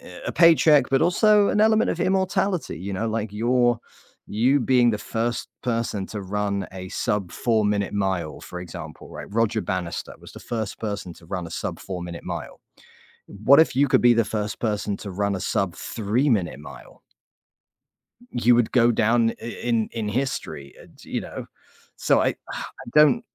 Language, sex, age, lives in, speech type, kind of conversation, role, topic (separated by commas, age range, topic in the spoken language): English, male, 40-44, United States, conversation, unstructured, none, How can I discuss performance-enhancing drugs and fair play without sounding moralizing?